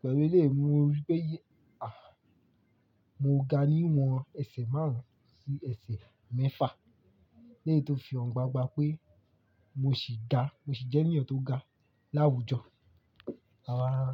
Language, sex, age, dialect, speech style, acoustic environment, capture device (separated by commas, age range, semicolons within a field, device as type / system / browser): Yoruba, male, 18-24, Nigeria - Lagos Yoruba, excited, silence, mobile / Linux / Chrome